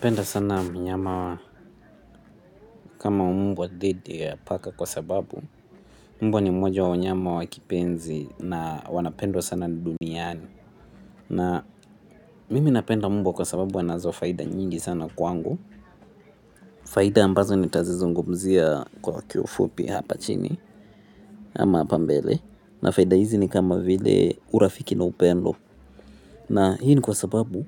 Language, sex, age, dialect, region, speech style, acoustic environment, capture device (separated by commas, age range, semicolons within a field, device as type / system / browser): Swahili, male, 18-24, Kenya - Nairobi Sheng-influenced, Kenya, relaxed, people talking, mobile / Linux / Chrome